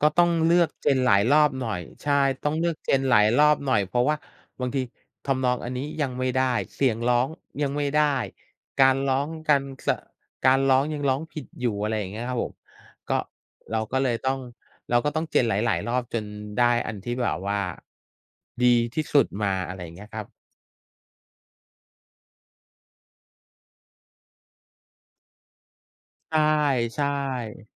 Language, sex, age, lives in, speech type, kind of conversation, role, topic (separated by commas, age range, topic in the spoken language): Thai, male, 45-49, Thailand, conversation, unstructured, none, เพลงที่คุณชอบและฟังบ่อยที่สุดในตอนนี้คือเพลงอะไร?